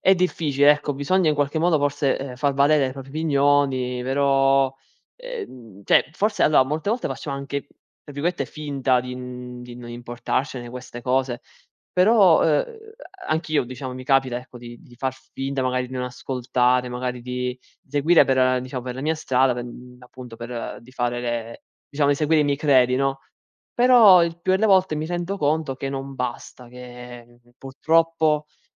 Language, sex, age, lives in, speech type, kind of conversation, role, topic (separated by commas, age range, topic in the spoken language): Italian, male, 20-24, Italy, conversation, unstructured, none, Ti sei mai sentito giudicato per chi sei davvero?